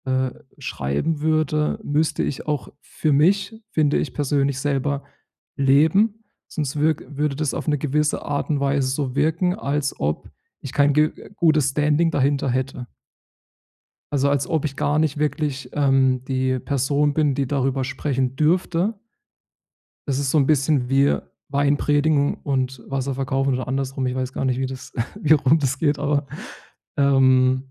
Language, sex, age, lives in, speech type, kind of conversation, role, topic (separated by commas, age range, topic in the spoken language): German, male, 30-34, Germany, conversation, advice, user, Wie kann ich meinen kreativen Arbeitsbereich besser ordnen?